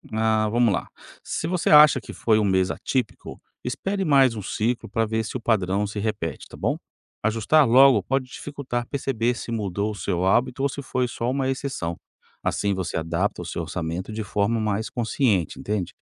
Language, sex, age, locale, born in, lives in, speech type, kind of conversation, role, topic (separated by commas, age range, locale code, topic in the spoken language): Portuguese, male, 45-49, pt-BR, Brazil, United States, read, expert-assistant, expert, Como criar e manter uma rotina de organização financeira que realmente funcione no dia a dia, mesmo com imprevistos?